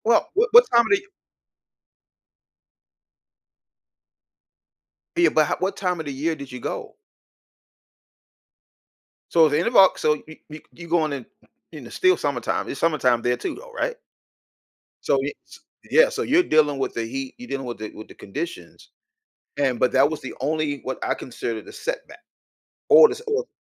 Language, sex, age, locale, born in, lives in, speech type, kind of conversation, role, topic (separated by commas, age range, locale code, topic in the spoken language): English, male, 55-59, en-US, United States, United States, conversation, podcast, host, How do memorable travel experiences shape the stories we share with others?